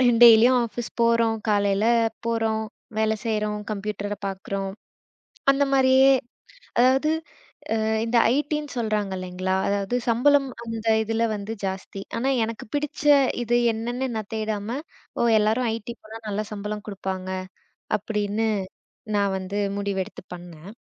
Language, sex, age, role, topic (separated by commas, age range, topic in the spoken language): Tamil, female, 25-29, guest, உங்களுக்கு ஆசை முக்கியமா, அல்லது சம்பளம் முக்கியமா?